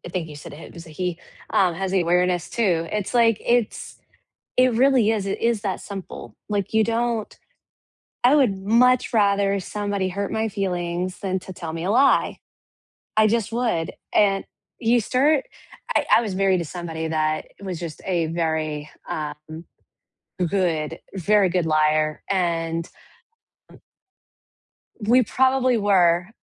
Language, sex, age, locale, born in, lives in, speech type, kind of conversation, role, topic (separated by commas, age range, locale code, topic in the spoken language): English, female, 35-39, en-US, United States, United States, conversation, unstructured, none, What does honesty mean to you in everyday life?